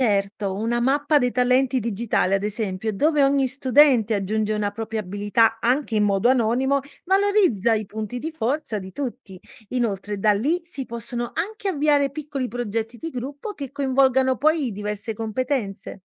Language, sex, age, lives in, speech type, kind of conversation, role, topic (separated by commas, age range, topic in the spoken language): Italian, female, 30-34, Italy, read, expert-assistant, expert, Come potremmo rendere l’apprendimento a distanza più umano e meno solitario?